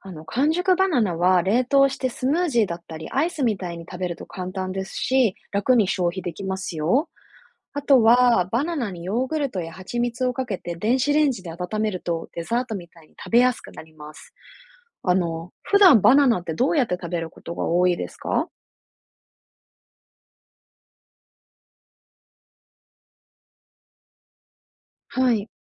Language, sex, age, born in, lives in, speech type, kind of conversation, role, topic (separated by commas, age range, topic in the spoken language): Japanese, female, 30-34, Japan, Japan, read, expert-assistant, expert, どうしてバナナは黄色くなるのですか？